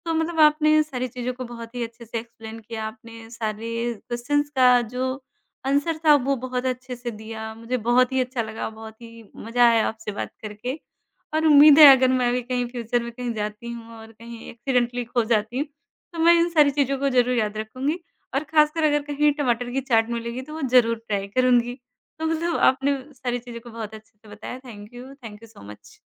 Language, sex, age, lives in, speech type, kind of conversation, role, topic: Hindi, male, 30-34, India, conversation, podcast, host, Kaun sa khaane ka spot ya dukaan tumhe raasta bhatakne par achanak mil gaya tha?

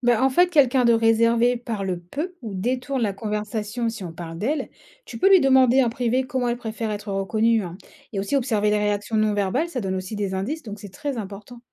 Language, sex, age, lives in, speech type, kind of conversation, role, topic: French, female, 30-34, France, read, expert-assistant, expert, Quels trucs ou habitudes rendent le fonctionnement d’une équipe plus fluide au boulot ?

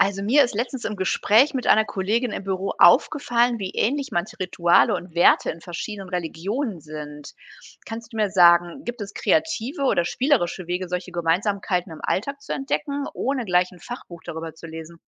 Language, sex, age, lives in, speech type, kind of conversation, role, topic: German, female, 45-49, Germany, read, expert-assistant, asker, Wie kann ich auf spannende Weise entdecken, was verschiedene Religionen gemeinsam haben?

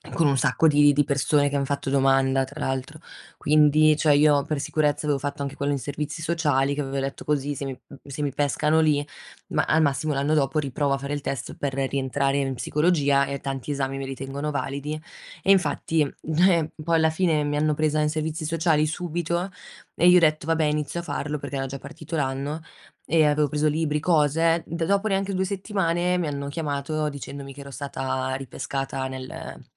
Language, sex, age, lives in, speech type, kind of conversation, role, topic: Italian, female, 25-29, Italy, conversation, unstructured, none, Quali paure hai riguardo al tuo futuro professionale?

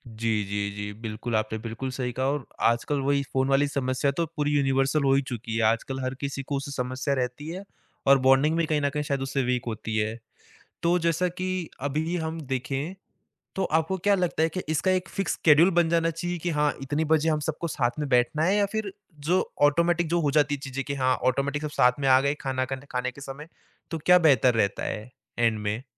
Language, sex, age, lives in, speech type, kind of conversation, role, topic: Hindi, male, 20-24, India, conversation, podcast, host, Family ke saath quality time bitaane ke liye sabse achha shauk kya ho sakta hai?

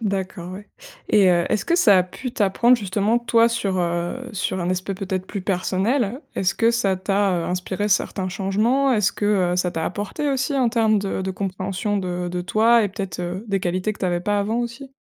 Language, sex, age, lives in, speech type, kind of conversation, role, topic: French, female, 25-29, France, conversation, podcast, host, Comment concilier concrètement travail et vie de famille ?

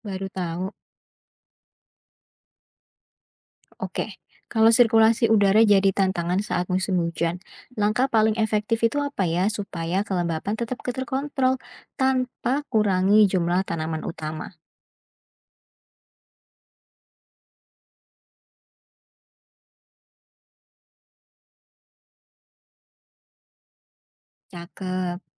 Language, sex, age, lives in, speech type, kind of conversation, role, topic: Indonesian, female, 20-24, Indonesia, read, expert-assistant, asker, Mengapa panen sayur di kebun saya menurun padahal perawatan terasa sudah benar? Apa yang sebaiknya saya periksa dulu?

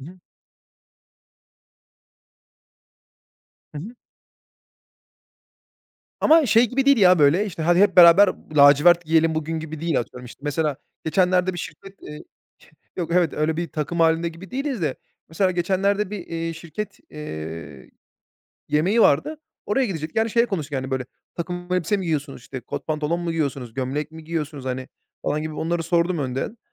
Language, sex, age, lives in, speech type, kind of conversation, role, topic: Turkish, male, 30-34, Bulgaria, conversation, podcast, guest, Modaya uymak mı yoksa kendin olmak mı daha önemli sence?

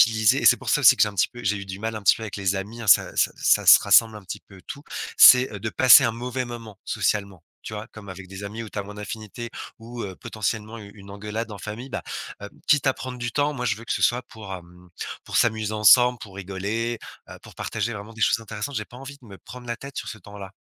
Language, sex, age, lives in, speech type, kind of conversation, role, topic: French, male, 35-39, France, conversation, advice, user, Pourquoi ai-je l’impression de devoir toujours accepter les invitations ou les services qu’on me propose ?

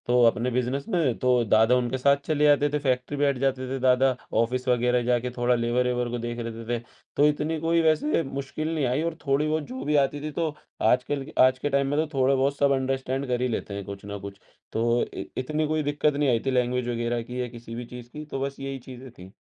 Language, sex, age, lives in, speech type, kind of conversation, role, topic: Hindi, male, 18-19, India, conversation, podcast, guest, Aap apne दादा-दादी या नाना-नानी के दूसरे शहर या देश में बसने की कहानी कैसे बताएँगे?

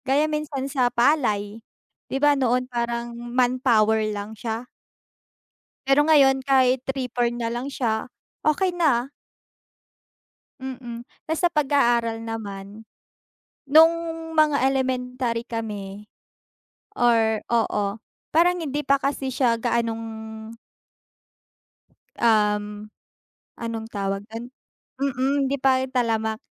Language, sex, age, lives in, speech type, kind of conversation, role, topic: Filipino, female, 20-24, Philippines, conversation, unstructured, none, Paano mo ginagamit ang teknolohiya sa araw-araw mong buhay?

